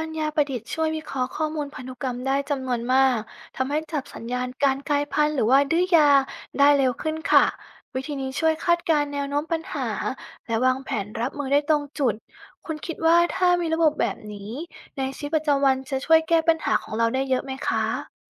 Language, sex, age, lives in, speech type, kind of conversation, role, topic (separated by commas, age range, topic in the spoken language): Thai, female, 30-34, Thailand, read, expert-assistant, expert, ถ้าคุณต้องเลือกเหตุการณ์สำคัญในประวัติศาสตร์วิวัฒนาการที่มีผลต่อชีวิตบนโลกมากที่สุด คุณจะเลือกอะไรและเพราะอะไร?